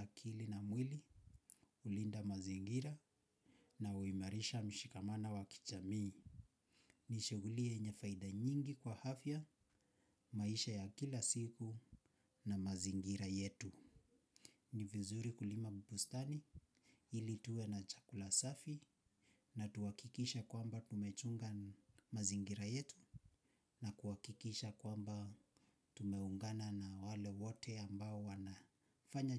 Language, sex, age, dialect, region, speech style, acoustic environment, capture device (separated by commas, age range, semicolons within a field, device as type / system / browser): Swahili, male, 25-34, Kenya - Nairobi Sheng-influenced, Kenya, relaxed; happy, silence, mobile / Linux / Chrome